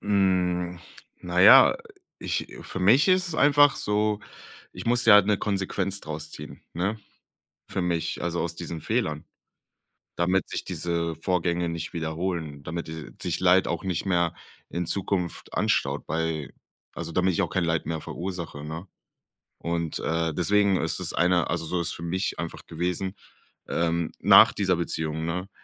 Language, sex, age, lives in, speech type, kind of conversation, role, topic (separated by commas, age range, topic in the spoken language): German, male, 25-29, Germany, conversation, podcast, guest, Wie hilft dir die Reflexion nach Fehlern ganz konkret weiter?